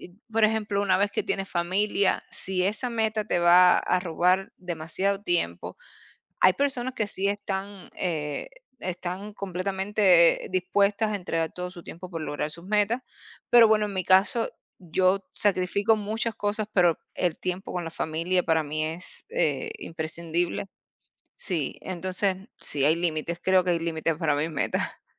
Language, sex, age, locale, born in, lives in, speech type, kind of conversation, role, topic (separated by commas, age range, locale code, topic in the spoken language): Spanish, female, 30-34, es-CU, Cuba, United States, conversation, unstructured, none, ¿Hay alguna meta que siempre hayas querido alcanzar?